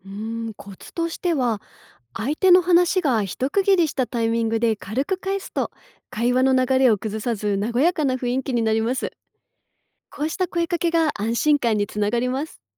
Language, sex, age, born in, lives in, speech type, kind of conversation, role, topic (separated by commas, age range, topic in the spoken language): Japanese, female, 35-39, Japan, Japan, read, expert-assistant, expert, 職場や学校で、文化の違いから生まれる誤解をうまく乗り越えるにはどうしたらいいでしょうか？